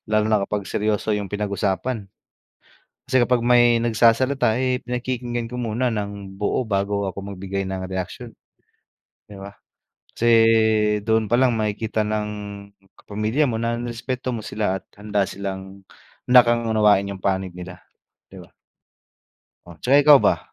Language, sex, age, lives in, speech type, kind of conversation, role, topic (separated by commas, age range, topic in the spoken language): Filipino, male, 25-29, Philippines, conversation, unstructured, none, Paano mo ginagamit ang pakikipag-usap para mapabuti ang relasyon ninyo sa pamilya?